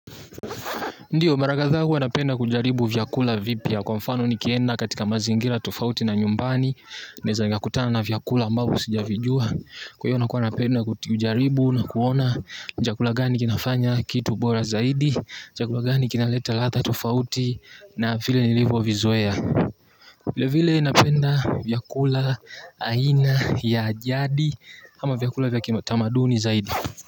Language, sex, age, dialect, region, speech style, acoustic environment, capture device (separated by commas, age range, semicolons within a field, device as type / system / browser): Swahili, male, 18-24, Tanzania - Dar es Salaam, Tanzania, tired, nature, mobile / Linux / Chrome